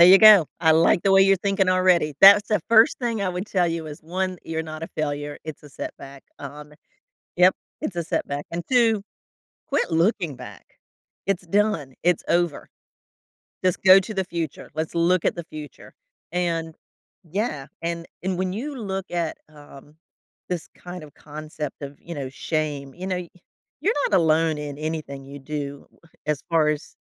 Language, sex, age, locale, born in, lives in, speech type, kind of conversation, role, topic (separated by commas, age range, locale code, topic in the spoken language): English, female, 55-59, en-US, United States, United States, conversation, advice, advisor, How can I stop feeling ashamed and move forward after a personal failure?